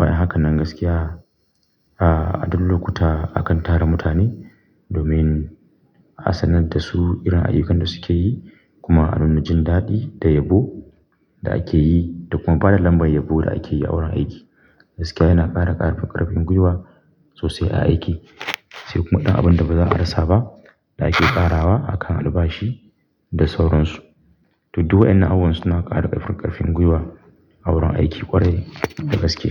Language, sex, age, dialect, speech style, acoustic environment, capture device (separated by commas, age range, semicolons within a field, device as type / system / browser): Hausa, male, 25-34, Nigeria - Kano, happy; focused, silence; nature, mobile / Linux / Chrome